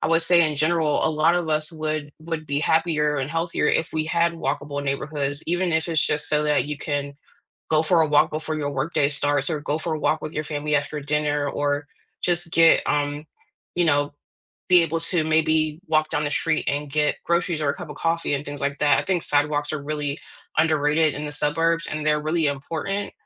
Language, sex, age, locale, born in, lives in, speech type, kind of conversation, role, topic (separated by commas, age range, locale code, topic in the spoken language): English, female, 35-39, en-US, United States, United States, conversation, unstructured, none, What makes a neighborhood feel like home to you?